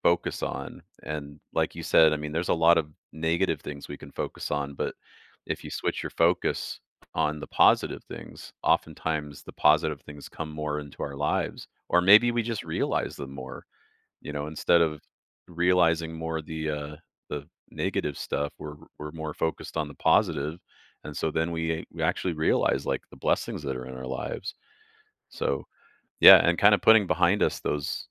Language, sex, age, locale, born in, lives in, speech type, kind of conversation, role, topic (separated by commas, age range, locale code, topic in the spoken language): English, male, 45-49, en-US, Canada, United States, conversation, advice, advisor, How can I have more honest, meaningful conversations with friends and family?